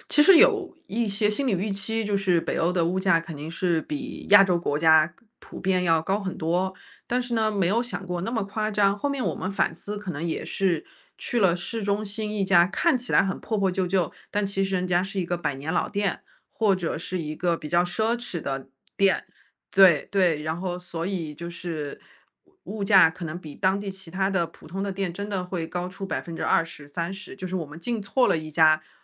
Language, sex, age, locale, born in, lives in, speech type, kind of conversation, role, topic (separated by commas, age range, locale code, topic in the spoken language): Chinese, female, 35-39, zh-CN, China, United States, conversation, podcast, guest, 你最难忘的一次旅行经历是什么？